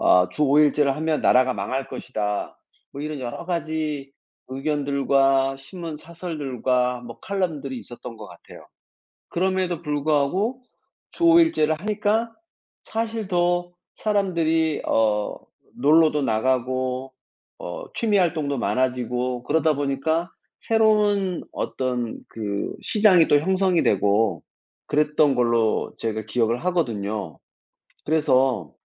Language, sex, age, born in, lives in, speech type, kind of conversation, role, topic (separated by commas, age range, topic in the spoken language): Korean, male, 55-59, South Korea, France, conversation, unstructured, none, 노동자 권리가 제대로 보호받지 못하는 이유는 무엇일까요?